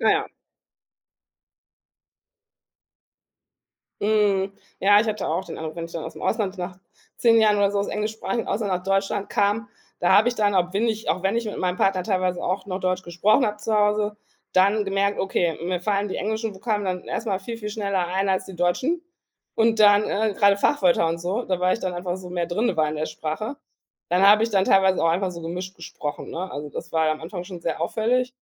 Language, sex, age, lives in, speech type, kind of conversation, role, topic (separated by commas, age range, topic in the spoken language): German, female, 40-44, Germany, conversation, podcast, host, Wie bringst du deinen Kindern deine Muttersprache bei?